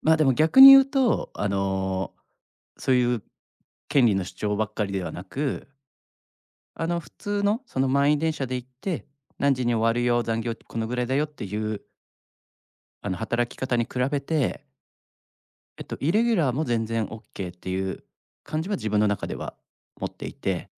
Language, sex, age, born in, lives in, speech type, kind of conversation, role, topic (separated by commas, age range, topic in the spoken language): Japanese, male, 35-39, Japan, Japan, conversation, podcast, guest, ワークライフバランスで絶対に譲れないことは何ですか？